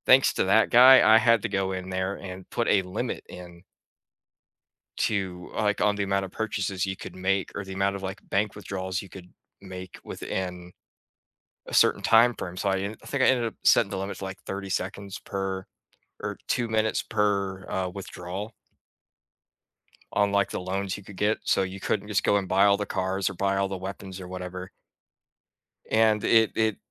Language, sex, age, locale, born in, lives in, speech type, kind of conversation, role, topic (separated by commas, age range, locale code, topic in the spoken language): English, male, 20-24, en-US, United States, United States, conversation, unstructured, none, Why do some people refuse to compromise in a disagreement?